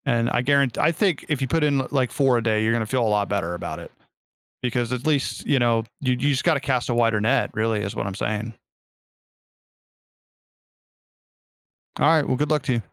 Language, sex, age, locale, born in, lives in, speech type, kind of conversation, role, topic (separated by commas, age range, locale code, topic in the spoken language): English, male, 40-44, en-US, United States, United States, conversation, advice, advisor, How can I reduce stress from my daily responsibilities?